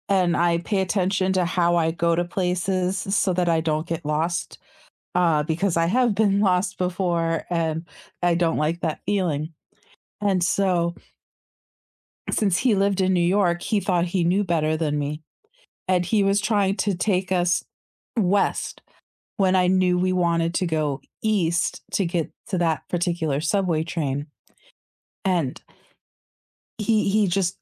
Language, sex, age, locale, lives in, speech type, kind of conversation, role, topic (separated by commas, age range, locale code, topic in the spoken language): English, female, 40-44, en-US, United States, conversation, unstructured, none, How can arguments actually help a couple grow closer?